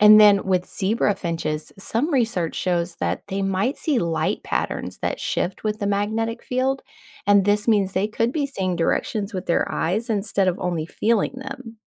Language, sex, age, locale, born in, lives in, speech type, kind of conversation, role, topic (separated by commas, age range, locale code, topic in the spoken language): English, female, 40-44, en-US, United States, United States, read, expert-assistant, expert, What can we learn from the migration patterns of birds?